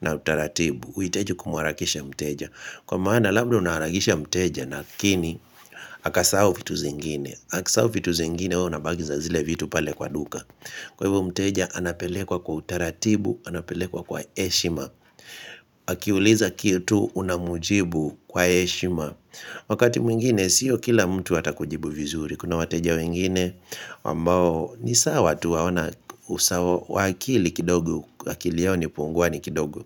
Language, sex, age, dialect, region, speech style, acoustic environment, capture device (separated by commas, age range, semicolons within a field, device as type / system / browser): Swahili, male, 25-34, Kenya - Kitui, Kenya, relaxed, nature, mobile / Linux / Chrome